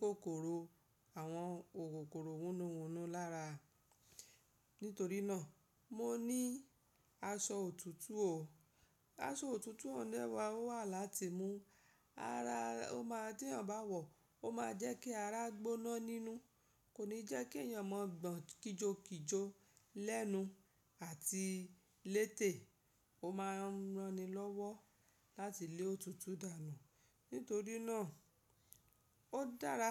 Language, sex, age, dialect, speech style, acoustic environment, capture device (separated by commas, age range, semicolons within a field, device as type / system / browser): Yoruba, female, 35-44, Nigeria - Lagos Yoruba, happy; focused, nature, mobile / Linux / Chrome